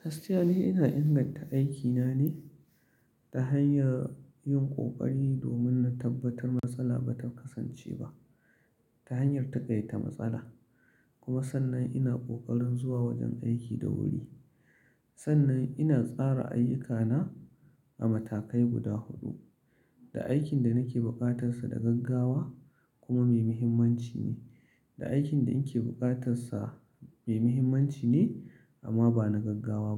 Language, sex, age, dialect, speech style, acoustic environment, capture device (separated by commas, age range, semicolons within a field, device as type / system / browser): Hausa, male, 35-44, Nigeria - Kano, focused, silence, mobile / Linux / Chrome